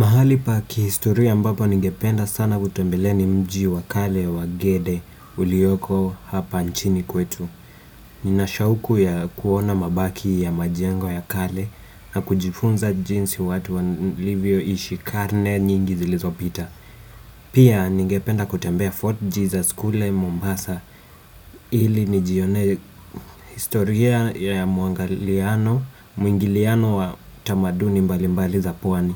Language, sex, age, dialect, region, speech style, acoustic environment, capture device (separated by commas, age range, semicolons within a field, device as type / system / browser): Swahili, female, 35-44, Kenya - Nairobi Sheng-influenced, Kenya, nervous, appliances, desktop / Windows / Chrome